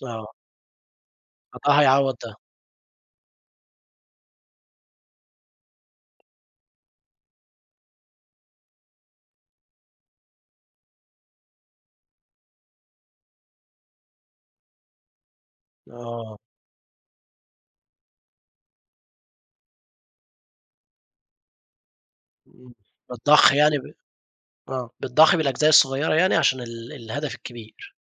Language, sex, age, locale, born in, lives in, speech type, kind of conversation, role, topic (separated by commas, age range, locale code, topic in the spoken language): Arabic, male, 20-24, ar-EG, Egypt, Egypt, conversation, podcast, host, إزاي خططت مادّيًا قبل ما تسيب شغلك القديم؟